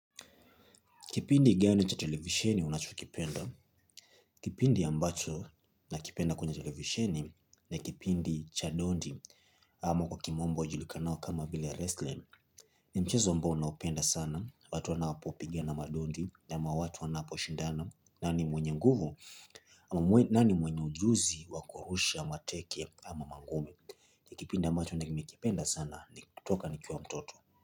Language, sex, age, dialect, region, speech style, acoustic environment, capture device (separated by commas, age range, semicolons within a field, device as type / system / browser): Swahili, male, 25-34, Kenya - Mombasa, Kenya, happy; relaxed; focused, nature, mobile / Linux / Chrome